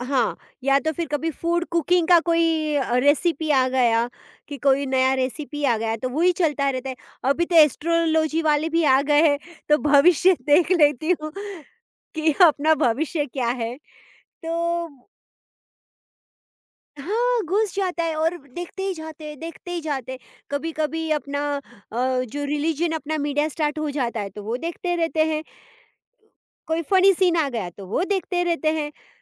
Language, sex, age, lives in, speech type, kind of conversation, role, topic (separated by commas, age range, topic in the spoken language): Hindi, female, 40-44, India, conversation, podcast, guest, Phone ka screen time tum kaise control karte ho?